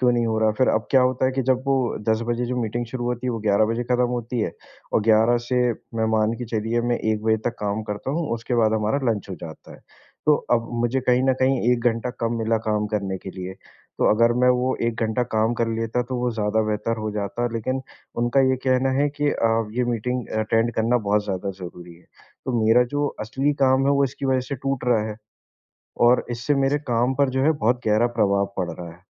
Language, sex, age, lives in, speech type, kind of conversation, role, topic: Hindi, male, 25-29, India, conversation, advice, user, ऑफिस की बार-बार होने वाली मीटिंगों से आपका गहरा काम कैसे बाधित हो रहा है?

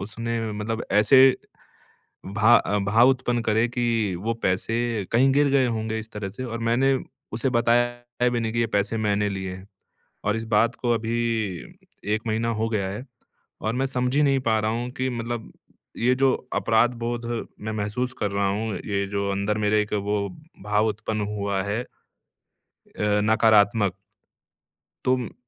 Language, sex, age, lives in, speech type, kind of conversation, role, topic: Hindi, male, 30-34, India, conversation, advice, user, जब मुझे लगे कि मैंने कुछ गलत किया है, तो मैं अपराधबोध और शर्म को कैसे संभालूँ?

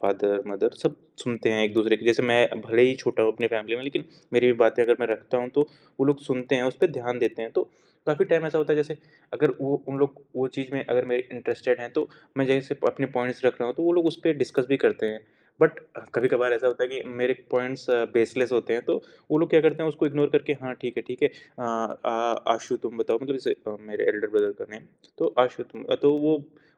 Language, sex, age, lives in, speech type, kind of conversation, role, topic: Hindi, male, 20-24, India, conversation, podcast, guest, Jab tum kisi ki baat sunte ho, toh tum kaise dikhate ho ki tumhe sach mein dilchaspi hai?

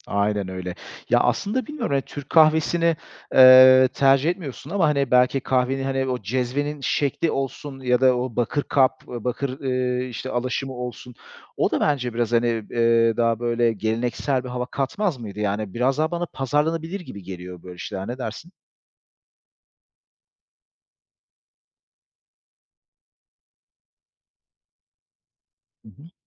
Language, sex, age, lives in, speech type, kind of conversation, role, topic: Turkish, male, 35-39, Greece, conversation, podcast, host, Sabah çay ya da kahve hazırlama ritüelin zaman içinde nasıl şekillendi?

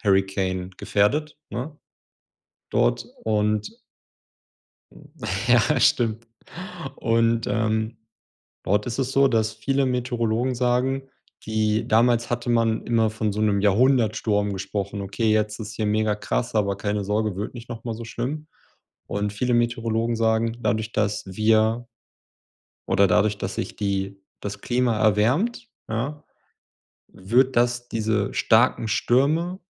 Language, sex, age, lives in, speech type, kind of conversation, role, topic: German, male, 30-34, Germany, conversation, unstructured, none, Wie bedrohen Naturkatastrophen unsere Gesellschaft?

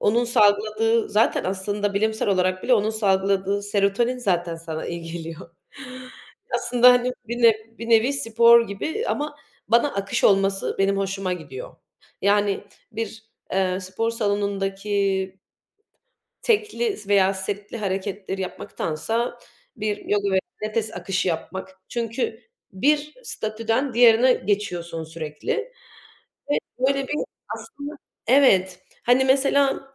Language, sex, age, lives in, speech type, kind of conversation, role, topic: Turkish, female, 25-29, Germany, conversation, unstructured, none, Kendine iyi bakmak için neler yaparsın?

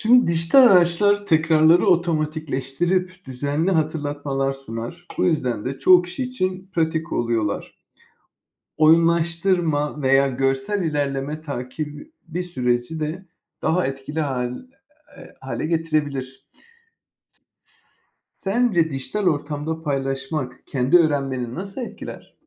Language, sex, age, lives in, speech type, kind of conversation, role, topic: Turkish, male, 35-39, Spain, read, expert-assistant, expert, Yeni öğrendiklerimi kalıcı kılmak için neler yapabilirim?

